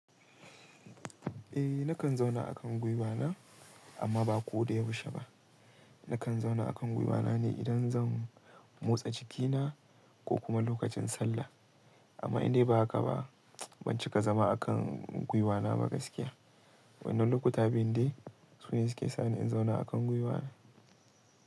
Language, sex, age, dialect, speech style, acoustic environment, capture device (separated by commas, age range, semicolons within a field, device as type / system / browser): Hausa, male, 18-24, Nigeria - Kano, relaxed, silence, mobile / Linux / Chrome